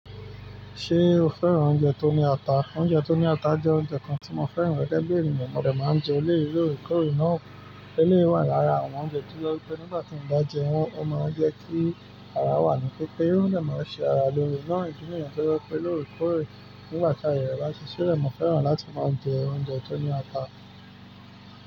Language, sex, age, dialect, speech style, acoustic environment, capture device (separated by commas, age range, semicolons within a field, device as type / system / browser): Yoruba, male, 25-34, Nigeria - Nigerian Standard, excited, nature, mobile / Linux / Chrome